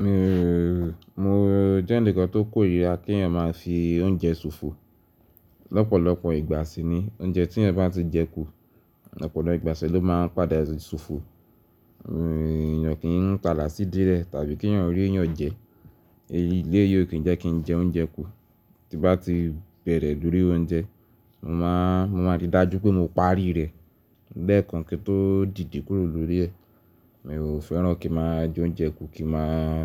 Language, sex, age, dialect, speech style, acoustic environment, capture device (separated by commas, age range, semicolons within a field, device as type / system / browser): Yoruba, male, 18-24, Nigeria - Ibadan, nervous, appliances, mobile / Linux / Chrome